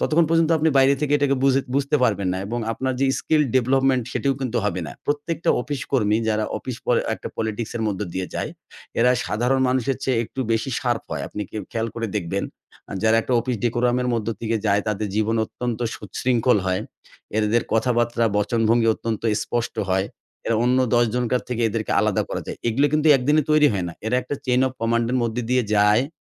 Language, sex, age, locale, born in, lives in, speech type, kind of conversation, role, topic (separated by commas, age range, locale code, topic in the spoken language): Bengali, male, 40-44, bn-BD, Bangladesh, Bangladesh, conversation, podcast, guest, অফিসের রাজনীতি আপনি কীভাবে সামলান?